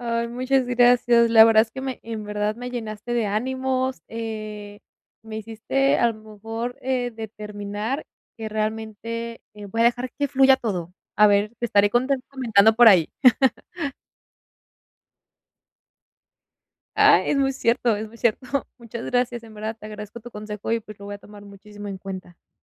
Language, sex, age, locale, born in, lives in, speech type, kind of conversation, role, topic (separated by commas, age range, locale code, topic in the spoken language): Spanish, female, 20-24, es-MX, Mexico, Mexico, conversation, advice, user, ¿Cómo puedo manejar la ansiedad cuando enfrento lo desconocido?